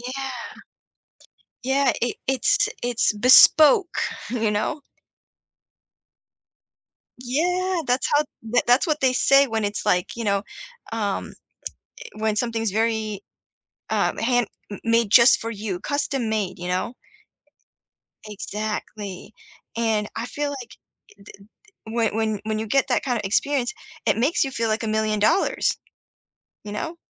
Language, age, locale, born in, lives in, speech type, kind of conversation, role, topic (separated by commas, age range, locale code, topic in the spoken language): English, 30-34, en-US, United States, United States, conversation, unstructured, none, What do you enjoy most about community festivals or fairs?